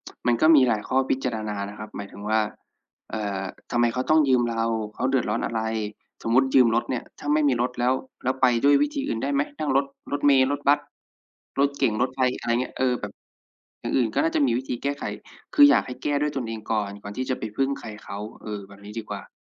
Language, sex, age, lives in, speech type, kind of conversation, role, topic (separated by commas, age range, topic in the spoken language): Thai, male, 25-29, Thailand, conversation, unstructured, none, เมื่อเพื่อนขอความช่วยเหลือแต่คุณไม่อยากช่วย คุณควรทำอย่างไร?